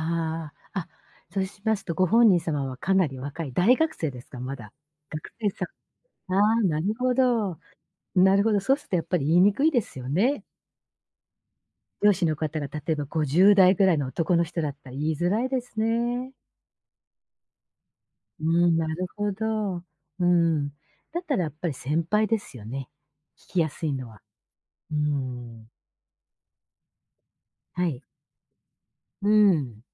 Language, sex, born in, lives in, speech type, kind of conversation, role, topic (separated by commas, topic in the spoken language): Japanese, female, Japan, Japan, conversation, advice, advisor, 仕事で自分らしさを出せず疲れているのはなぜですか？